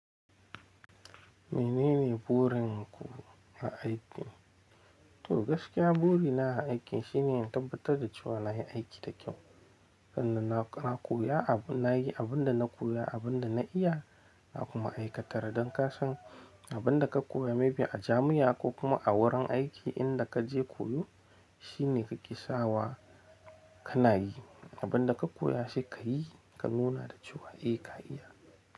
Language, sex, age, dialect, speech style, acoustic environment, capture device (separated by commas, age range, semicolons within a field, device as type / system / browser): Hausa, male, 25-34, Nigeria - Nigerian Standard, happy; tired; relaxed, people talking; nature; silence, mobile / Linux / Chrome